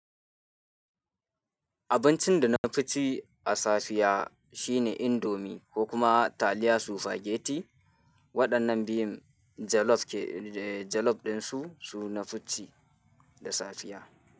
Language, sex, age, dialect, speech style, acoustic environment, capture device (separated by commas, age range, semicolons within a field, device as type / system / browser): Hausa, male, 18-24, Nigeria - Kano, happy, people talking, mobile / Linux / Chrome